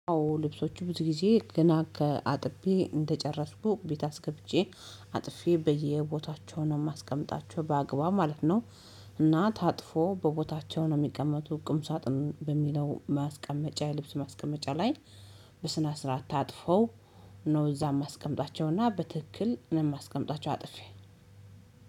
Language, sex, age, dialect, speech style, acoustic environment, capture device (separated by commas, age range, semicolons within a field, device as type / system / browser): Amharic, female, 35-44, Ethiopia - Addis Ababa, happy, silence, mobile / Linux / Chrome